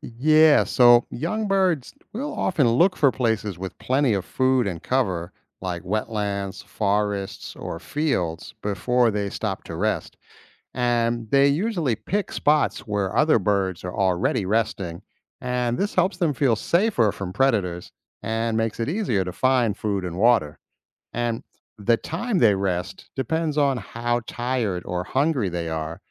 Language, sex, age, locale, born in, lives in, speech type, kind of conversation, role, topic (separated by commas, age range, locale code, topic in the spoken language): English, male, 50-54, en-US, United States, United States, read, expert-assistant, expert, Why do birds migrate every year?